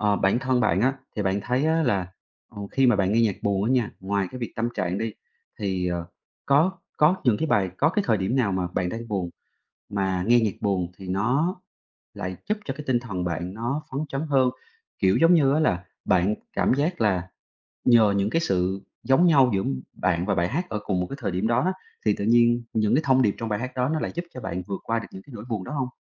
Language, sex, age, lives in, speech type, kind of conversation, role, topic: Vietnamese, male, 30-34, Vietnam, conversation, podcast, host, Bạn chọn danh sách phát cho những ngày buồn như thế nào?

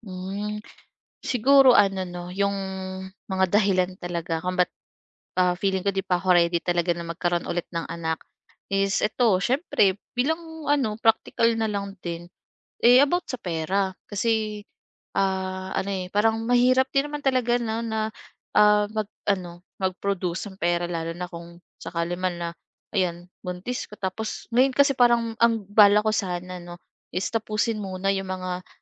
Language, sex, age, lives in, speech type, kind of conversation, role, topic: Filipino, female, 25-29, Philippines, conversation, advice, user, Paano mo ilalarawan ang pakiramdam na inaasahan kang magkaroon ng anak kahit hindi ka pa handa?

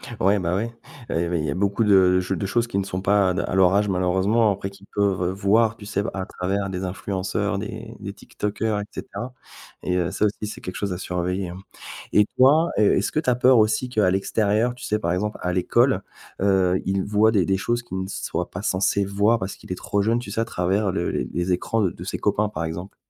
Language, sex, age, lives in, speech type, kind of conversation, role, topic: French, male, 40-44, France, conversation, podcast, host, Comment abordes-tu la question des écrans avec les enfants ?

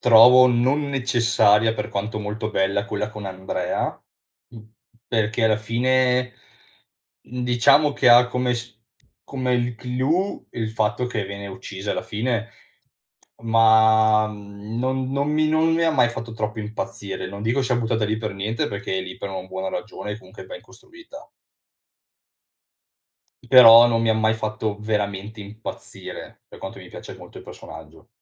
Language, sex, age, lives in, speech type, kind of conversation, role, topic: Italian, male, 30-34, Italy, conversation, podcast, guest, Qual è una serie che ti ha tenuto incollato allo schermo?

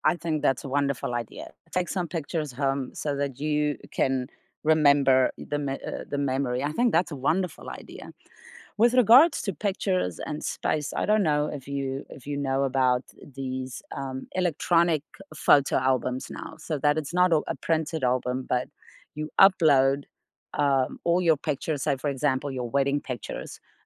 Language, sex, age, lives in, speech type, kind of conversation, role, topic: English, female, 35-39, United States, conversation, unstructured, none, What is the last photo on your phone that you love, and what makes it meaningful to you?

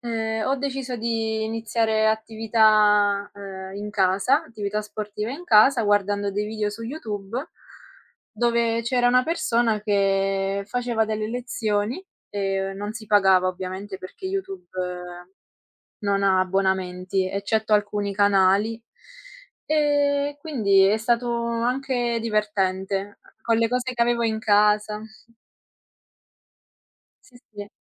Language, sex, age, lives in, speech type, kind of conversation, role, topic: Italian, female, 20-24, Italy, conversation, unstructured, none, È giusto che le palestre siano così costose?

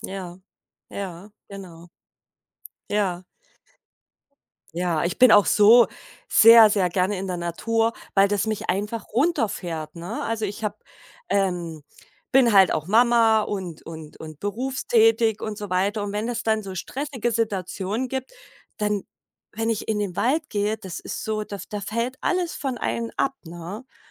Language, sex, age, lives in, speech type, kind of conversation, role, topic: German, female, 40-44, Germany, conversation, podcast, guest, Welche Erinnerung an die Natur aus deiner Kindheit ist dir bis heute besonders präsent?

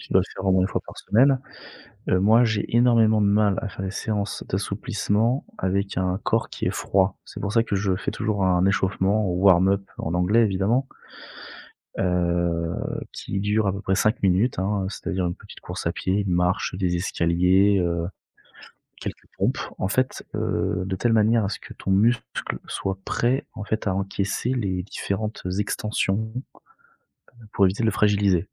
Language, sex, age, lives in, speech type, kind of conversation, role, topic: French, male, 30-34, France, conversation, advice, advisor, Comment décririez-vous vos douleurs musculaires persistantes après vos séances d’entraînement ?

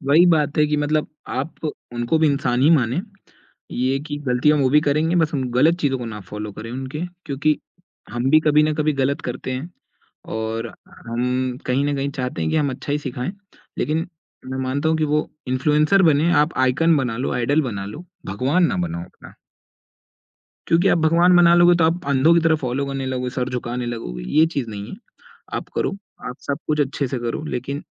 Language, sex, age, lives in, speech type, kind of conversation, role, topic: Hindi, male, 20-24, India, conversation, podcast, guest, Tumhara favourite influencer kaise bana, uski story kya hai?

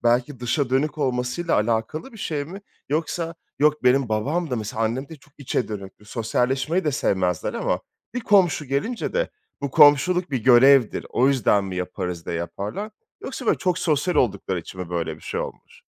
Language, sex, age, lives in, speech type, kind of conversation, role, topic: Turkish, male, 30-34, France, conversation, podcast, host, Komşuluk bağlarını güçlendirmenin basit yolları nelerdir?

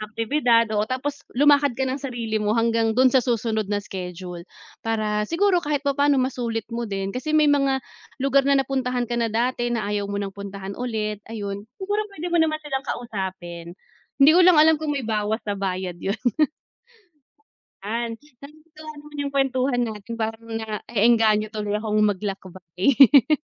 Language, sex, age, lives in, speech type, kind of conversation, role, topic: Filipino, female, 30-34, Philippines, conversation, unstructured, none, Paano mo pinipili ang susunod na destinasyon ng iyong paglalakbay?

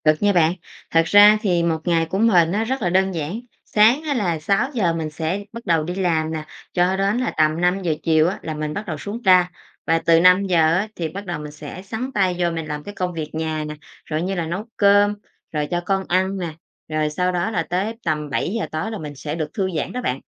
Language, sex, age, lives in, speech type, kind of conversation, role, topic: Vietnamese, female, 30-34, Vietnam, conversation, podcast, guest, Bạn cân bằng công việc và chăm sóc gia đình thế nào?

